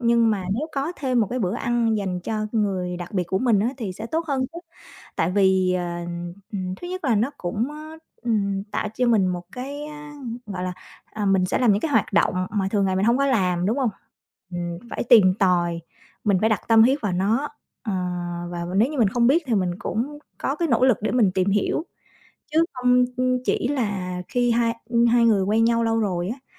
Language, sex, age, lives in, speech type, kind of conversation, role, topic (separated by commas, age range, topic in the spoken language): Vietnamese, female, 35-39, Vietnam, conversation, podcast, guest, Bạn đã từng nấu bữa ăn nào cho một người đặc biệt, và lần đó diễn ra như thế nào?